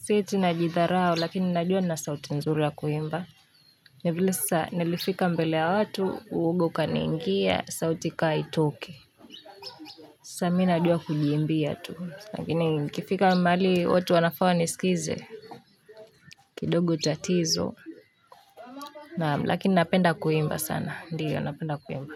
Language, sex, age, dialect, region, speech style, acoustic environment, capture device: Swahili, female, 18-24, Kenya - Nairobi Sheng-influenced, Kenya, relaxed, nature; silence; people talking, mobile / Linux / Chrome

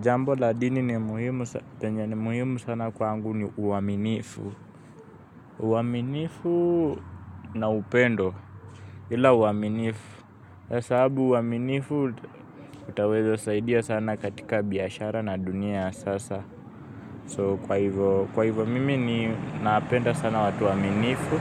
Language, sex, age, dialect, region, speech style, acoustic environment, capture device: Swahili, male, 18-24, Kenya - Nairobi Sheng-influenced, Kenya, happy, people talking; traffic, mobile / Linux / Chrome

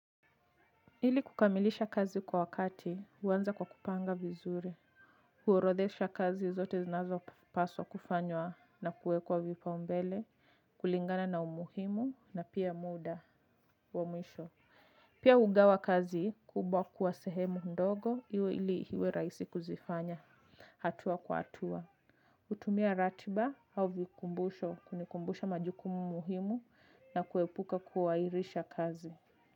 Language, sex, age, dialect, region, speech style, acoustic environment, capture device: Swahili, female, 25-34, Kenya - Nairobi Sheng-influenced, Kenya, relaxed, music; people talking, mobile / Linux / Chrome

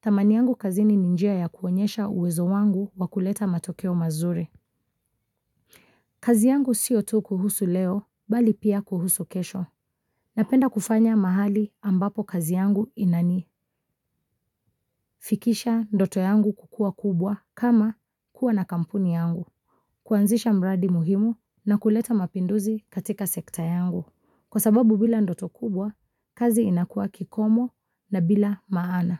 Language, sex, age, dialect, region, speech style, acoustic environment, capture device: Swahili, female, 25-34, Kenya - Mombasa, Kenya, focused, silence, mobile / Linux / Chrome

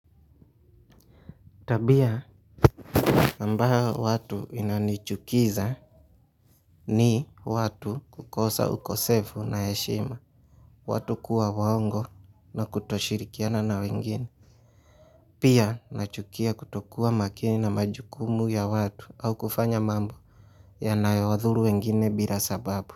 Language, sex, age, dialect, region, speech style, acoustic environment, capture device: Swahili, male, 25-34, Kenya - Mombasa, Kenya, relaxed, silence, mobile / Linux / Chrome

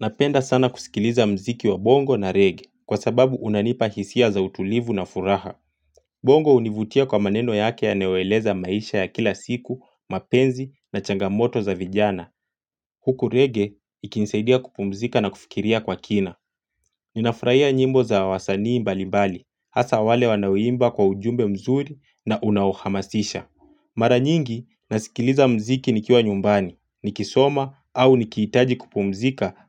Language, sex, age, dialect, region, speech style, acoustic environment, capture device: Swahili, male, 25-34, Kenya - Mombasa, Kenya, focused, silence, mobile / Linux / Chrome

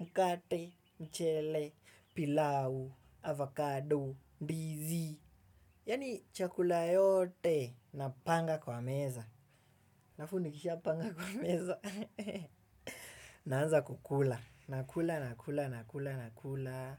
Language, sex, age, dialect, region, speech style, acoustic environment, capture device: Swahili, male, 18-24, Kenya - Nairobi Sheng-influenced, Kenya, excited; focused, appliances; music, desktop / Windows / Chrome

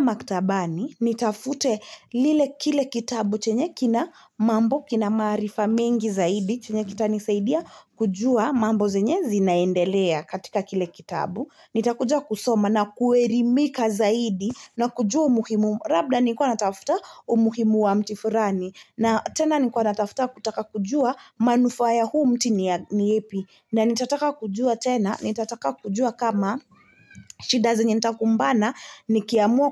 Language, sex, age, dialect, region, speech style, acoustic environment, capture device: Swahili, female, 25-34, Kenya - Nairobi Sheng-influenced, Kenya, relaxed, silence, mobile / Linux / Chrome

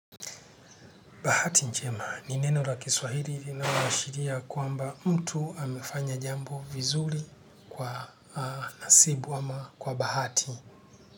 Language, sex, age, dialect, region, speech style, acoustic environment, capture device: Swahili, male, 45-54, Kenya - Nairobi Sheng-influenced, Kenya, happy; relaxed, nature; silence, desktop / Linux / Chrome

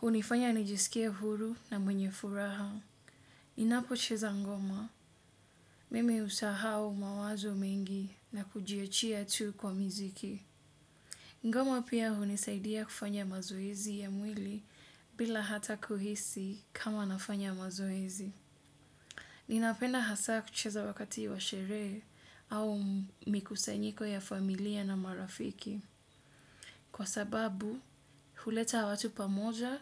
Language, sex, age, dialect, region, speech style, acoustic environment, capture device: Swahili, female, 25-34, Kenya - Mombasa, Kenya, relaxed, nature, desktop / Windows / Edge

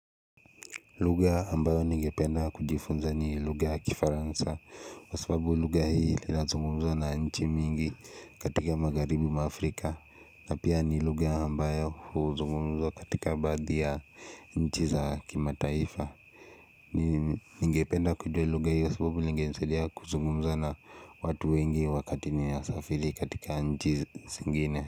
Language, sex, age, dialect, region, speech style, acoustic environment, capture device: Swahili, male, 18-24, Kenya - Nairobi Sheng-influenced, Kenya, relaxed; focused, silence, mobile / Linux / Chrome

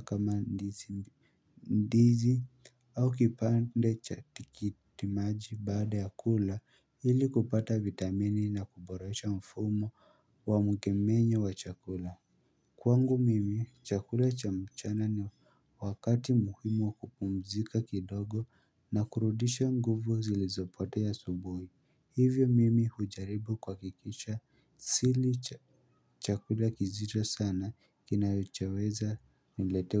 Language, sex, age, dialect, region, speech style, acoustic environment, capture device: Swahili, male, 18-24, Kenya - Nairobi Sheng-influenced, Kenya, happy; focused; excited, silence, mobile / Linux / Chrome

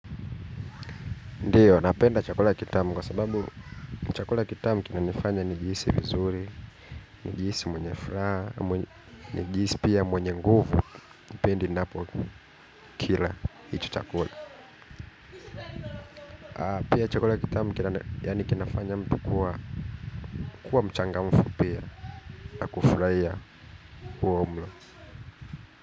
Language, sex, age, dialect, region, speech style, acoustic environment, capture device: Swahili, male, 25-34, Kenya - Nairobi Sheng-influenced, Kenya, happy, people talking; traffic; construction; nature, mobile / Linux / Chrome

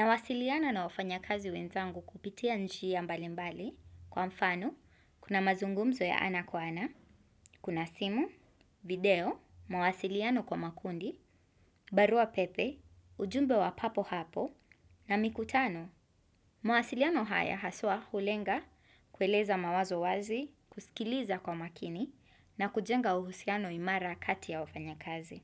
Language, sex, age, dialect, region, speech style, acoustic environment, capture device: Swahili, female, 25-34, Kenya - Mombasa, Kenya, happy; nervous; focused, silence, desktop / Windows / Chrome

